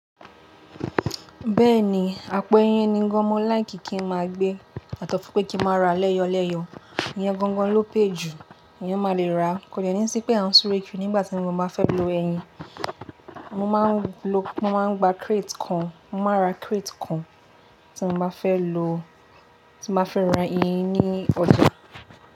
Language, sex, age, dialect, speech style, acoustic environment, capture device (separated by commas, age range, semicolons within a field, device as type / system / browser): Yoruba, female, 18-24, Nigeria - Lagos Yoruba, relaxed, appliances, mobile / Linux / Chrome